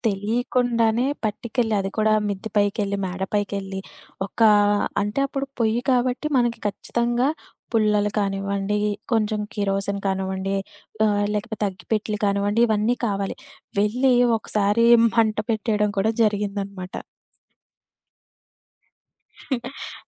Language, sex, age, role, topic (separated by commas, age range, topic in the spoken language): Telugu, female, 25-29, guest, అనుబంధాన్ని పెంపొందించేలా కుటుంబం అంతా కలిసి వంట చేయడాన్ని మీరు ఎలా నిర్వహిస్తారు?